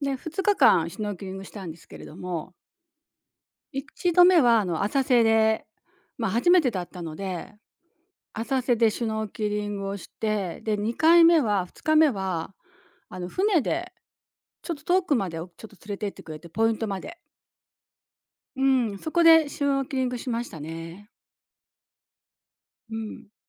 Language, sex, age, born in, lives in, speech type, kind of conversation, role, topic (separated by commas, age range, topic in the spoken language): Japanese, female, 60-64, Japan, Japan, conversation, podcast, guest, 自然の中で最も心に残っている体験は何ですか？